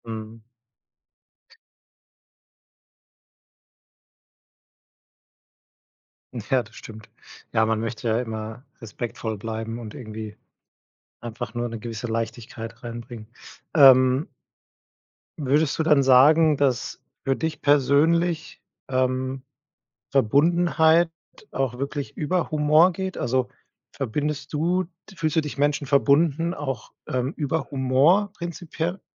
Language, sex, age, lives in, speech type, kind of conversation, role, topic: German, male, 30-34, Germany, conversation, podcast, host, Wie setzt du Humor ein, damit Menschen sich miteinander verbunden fühlen?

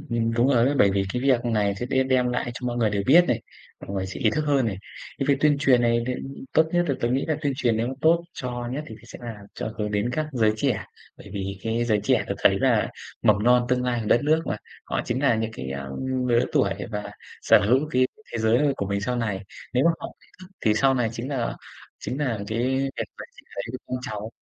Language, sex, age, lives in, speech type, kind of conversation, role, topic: Vietnamese, male, 25-29, Vietnam, conversation, unstructured, none, Bạn cảm thấy thế nào khi thấy rác thải nhựa tràn ngập trong đại dương?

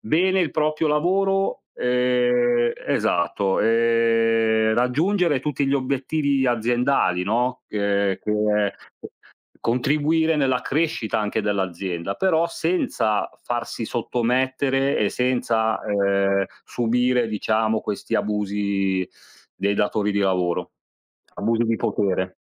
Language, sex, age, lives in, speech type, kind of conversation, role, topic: Italian, male, 40-44, Italy, conversation, unstructured, none, Cosa ti fa arrabbiare di più sul posto di lavoro?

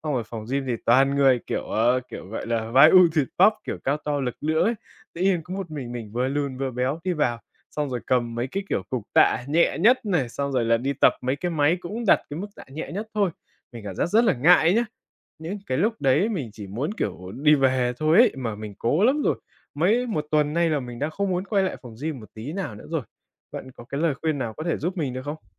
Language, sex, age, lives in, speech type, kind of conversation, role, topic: Vietnamese, male, 20-24, Vietnam, conversation, advice, user, Làm sao để tự tin hơn khi bắt đầu tập luyện?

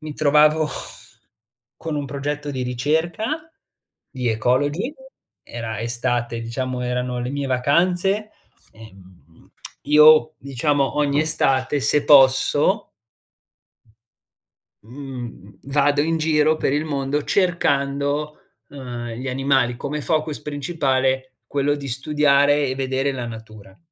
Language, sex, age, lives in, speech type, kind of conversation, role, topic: Italian, male, 20-24, Italy, conversation, podcast, guest, Mi racconti un’esperienza nella natura che ricordi ancora?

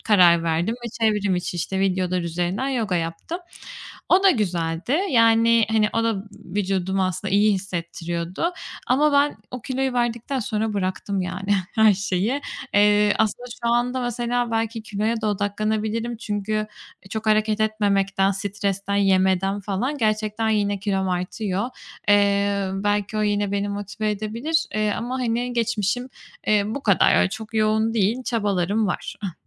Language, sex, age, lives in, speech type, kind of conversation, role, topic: Turkish, female, 25-29, Spain, conversation, advice, user, Egzersize başlamakta zorlanıyorum; motivasyon eksikliği ve sürekli ertelemeyi nasıl aşabilirim?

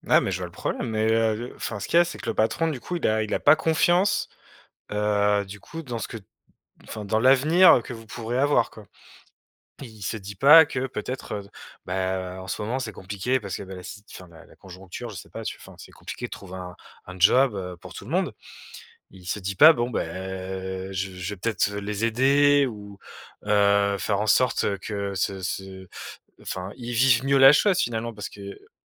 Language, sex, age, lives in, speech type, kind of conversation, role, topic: French, male, 35-39, France, conversation, advice, advisor, Comment décrirais-tu la pression familiale que tu ressens concernant ton choix de carrière ou de mariage ?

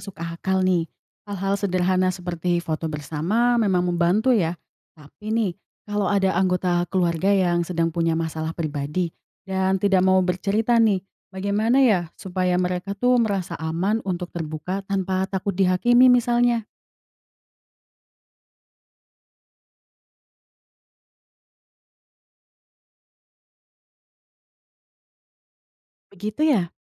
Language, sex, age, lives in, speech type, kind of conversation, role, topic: Indonesian, female, 20-24, Indonesia, read, expert-assistant, asker, Bagaimana Cara Mewujudkan Suasana Rumah yang Menenangkan untuk Keluarga?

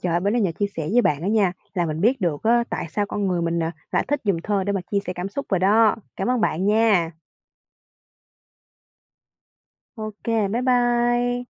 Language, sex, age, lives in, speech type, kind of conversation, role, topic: Vietnamese, female, 25-29, Vietnam, read, expert-assistant, asker, Vì sao con người lại thích dùng thơ để chia sẻ cảm xúc của mình?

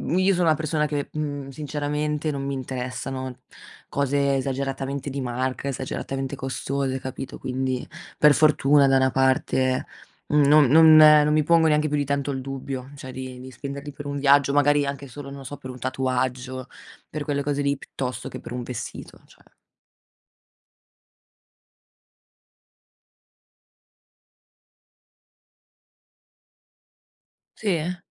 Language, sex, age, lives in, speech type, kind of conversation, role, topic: Italian, female, 25-29, Italy, conversation, unstructured, none, Qual è un acquisto che ti ha reso davvero felice?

